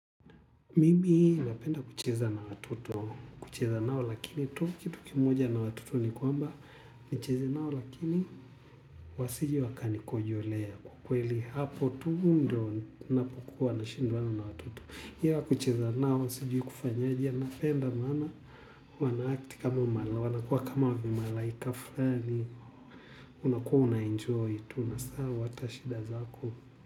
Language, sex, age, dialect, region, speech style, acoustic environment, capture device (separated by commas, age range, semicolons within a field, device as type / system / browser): Swahili, male, 25-34, Tanzania - Dar es Salaam, Tanzania, relaxed, people talking; music; silence, mobile / Linux / Chrome